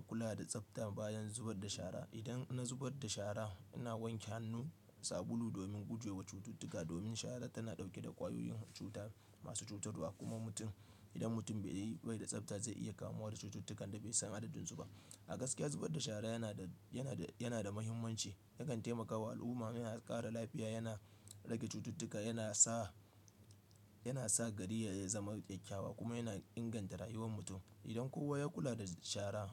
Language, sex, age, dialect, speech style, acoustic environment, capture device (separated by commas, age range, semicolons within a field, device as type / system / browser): Hausa, male, 25-34, Nigeria - Kano, relaxed, nature, desktop / Linux / Chrome